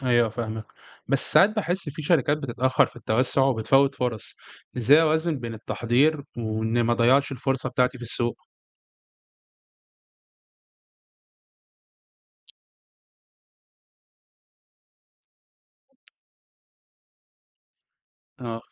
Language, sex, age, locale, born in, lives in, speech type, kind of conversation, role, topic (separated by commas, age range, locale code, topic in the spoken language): Arabic, male, 20-24, ar-EG, Egypt, Egypt, read, expert-assistant, asker, مين أنسب أكتر للشركات اللي لسه بتبدأ: استراتيجية التركيز على سوق واحد ولا التوسع في كذا سوق في نفس الوقت؟